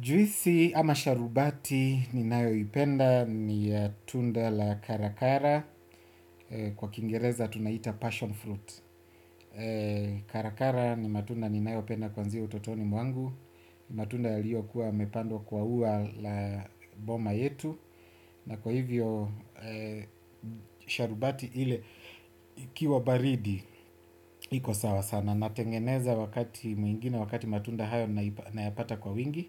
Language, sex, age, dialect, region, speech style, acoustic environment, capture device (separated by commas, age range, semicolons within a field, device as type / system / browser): Swahili, male, 25-34, Kenya - Nairobi Sheng-influenced, Kenya, happy; relaxed; focused; excited, silence, desktop / Windows / Chrome